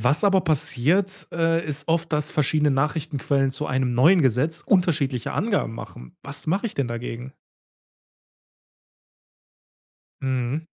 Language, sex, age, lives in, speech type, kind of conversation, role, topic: German, male, 30-34, Germany, read, expert-assistant, asker, Wie finde ich heraus, ob Informationen über neue Gesetze in den Nachrichten wirklich vollständig und vertrauenswürdig sind?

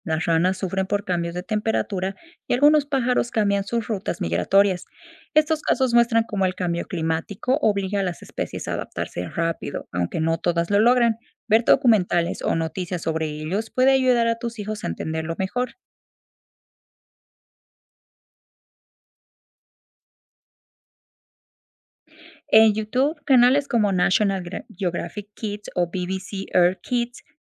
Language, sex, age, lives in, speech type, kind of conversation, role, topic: Spanish, female, 25-29, United States, read, expert-assistant, expert, ¿Qué trucos puedo usar para entender mejor cómo cambian los seres vivos con el tiempo?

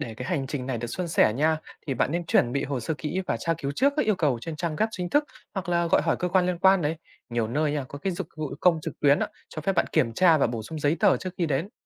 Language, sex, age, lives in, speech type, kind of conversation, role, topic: Vietnamese, male, 20-24, Vietnam, read, expert-assistant, expert, Nếu coi việc làm thủ tục hành chính như một chuyến xe buýt, thì hành trình đó sẽ ra sao?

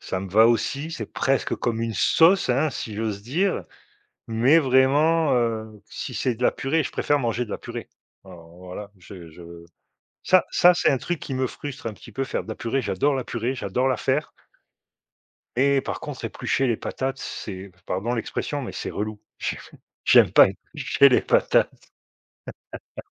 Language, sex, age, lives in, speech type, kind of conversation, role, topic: French, male, 50-54, Portugal, conversation, unstructured, none, Quelle est la partie la plus frustrante de la cuisine pour toi ?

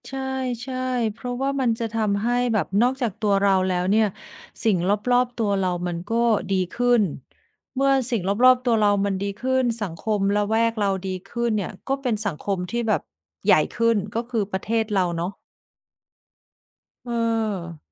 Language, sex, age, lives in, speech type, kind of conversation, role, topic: Thai, female, 45-49, Thailand, conversation, unstructured, none, คุณกลัวไหมหากเกิดวิกฤตทางการเงินขึ้น?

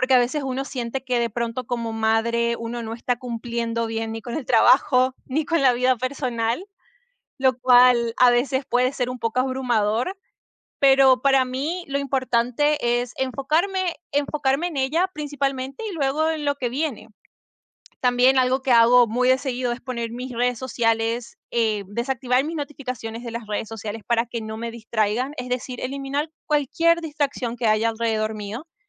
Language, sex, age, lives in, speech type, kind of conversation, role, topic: Spanish, female, 25-29, United States, conversation, podcast, guest, ¿Cómo priorizas el trabajo y tu vida personal?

